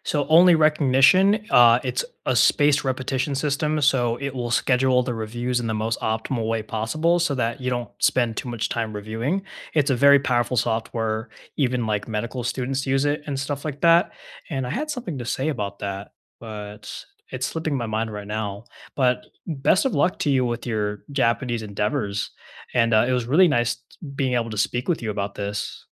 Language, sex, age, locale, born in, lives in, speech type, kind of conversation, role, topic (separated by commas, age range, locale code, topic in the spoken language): English, male, 20-24, en-US, United States, United States, conversation, unstructured, none, What are you currently learning just for fun, and what drew you to it?